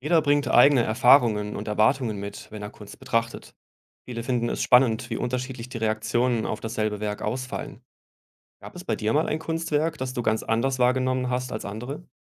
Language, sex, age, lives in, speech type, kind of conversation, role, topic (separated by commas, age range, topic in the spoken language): German, male, 35-39, Germany, read, expert-assistant, expert, Wie erkennt man, ob eine begeisterte Kunstkritik wirklich fundiert ist oder nur Werbung für den Künstler?